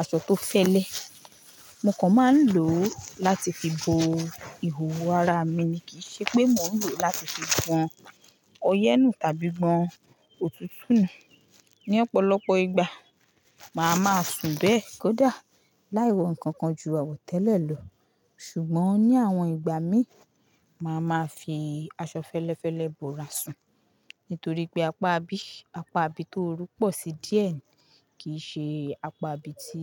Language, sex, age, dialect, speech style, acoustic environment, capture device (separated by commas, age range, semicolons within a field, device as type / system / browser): Yoruba, female, 18-24, Nigeria - Lagos Yoruba, focused; excited, nature; silence, mobile / Linux / Chrome